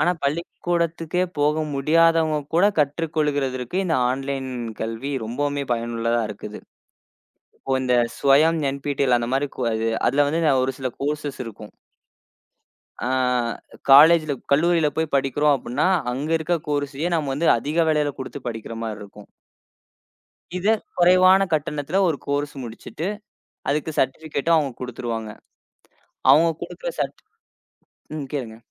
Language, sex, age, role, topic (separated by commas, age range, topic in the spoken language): Tamil, male, 20-24, guest, எதிர்காலத்தில் ஆன்லைன் கல்வியின் தரம் எப்படிப் மாறும்?